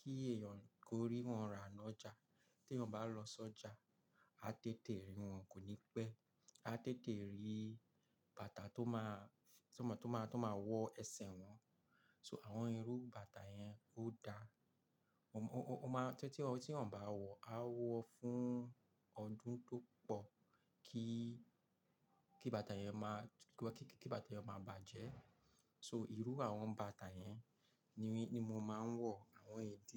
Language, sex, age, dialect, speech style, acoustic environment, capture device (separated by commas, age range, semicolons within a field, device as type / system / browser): Yoruba, male, 35-44, Nigeria - Lagos Yoruba, focused, silence, mobile / Linux / Chrome